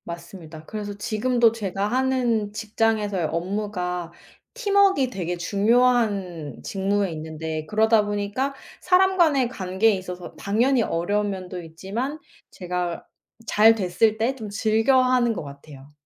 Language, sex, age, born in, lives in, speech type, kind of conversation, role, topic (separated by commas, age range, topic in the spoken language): Korean, female, 25-29, South Korea, United States, conversation, unstructured, none, 어린 시절에 가장 좋아했던 놀이 활동은 지금의 당신에게 어떤 영향을 미쳤나요?